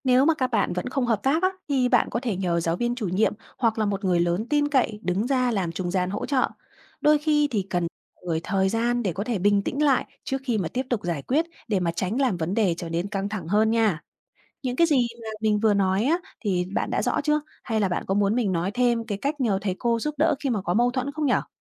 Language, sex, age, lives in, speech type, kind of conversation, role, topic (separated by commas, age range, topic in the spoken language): Vietnamese, female, 30-34, Vietnam, read, expert-assistant, expert, Các bước để giải quyết mâu thuẫn trong lớp học